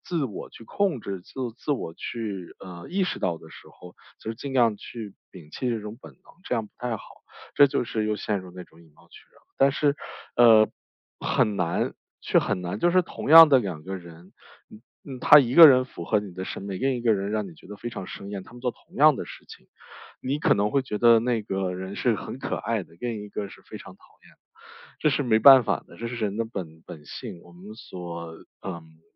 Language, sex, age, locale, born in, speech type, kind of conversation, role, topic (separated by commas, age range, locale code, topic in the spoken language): Chinese, male, 35-39, zh-CN, China, conversation, podcast, guest, 是什么触发了你审美的改变？